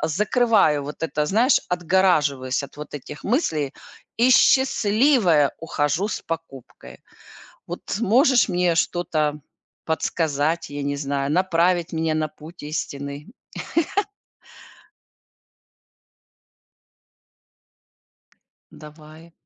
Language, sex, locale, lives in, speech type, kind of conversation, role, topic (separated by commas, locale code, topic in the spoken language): Russian, female, ru-RU, United States, conversation, advice, user, Как мне одновременно копить деньги и быстрее выплачивать долги?